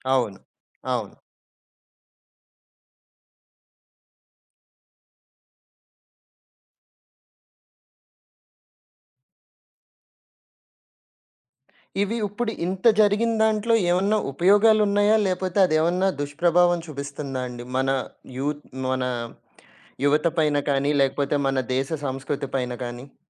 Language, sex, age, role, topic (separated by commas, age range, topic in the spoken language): Telugu, male, 30-34, host, సినిమాలు మన సంస్కృతిని ఎలా ప్రతిబింబిస్తాయని మీరు అనుకుంటారు?